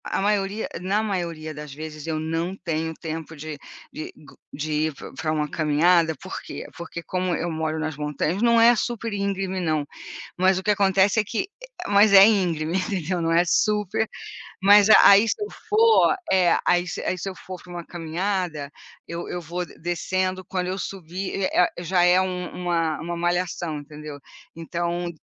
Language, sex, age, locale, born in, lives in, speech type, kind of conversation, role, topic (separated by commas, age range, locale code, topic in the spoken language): Portuguese, female, 60-64, pt-BR, Brazil, United States, conversation, unstructured, none, Qual é a importância de reservar momentos para descansar a mente?